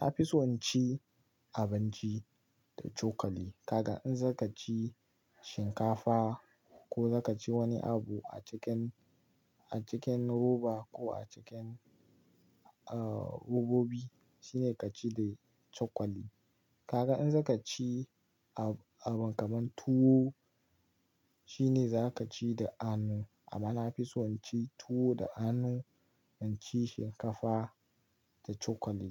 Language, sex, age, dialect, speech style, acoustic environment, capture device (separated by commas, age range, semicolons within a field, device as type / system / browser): Hausa, male, 18-24, Nigeria - Kano, relaxed; excited, nature, mobile / Linux / Chrome